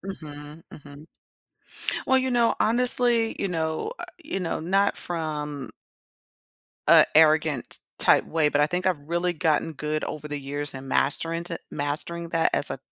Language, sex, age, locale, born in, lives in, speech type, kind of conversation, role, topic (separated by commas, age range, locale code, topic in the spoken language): English, female, 45-49, en-US, United States, United States, conversation, podcast, guest, How do inspiring teachers make a lasting difference in students' lives?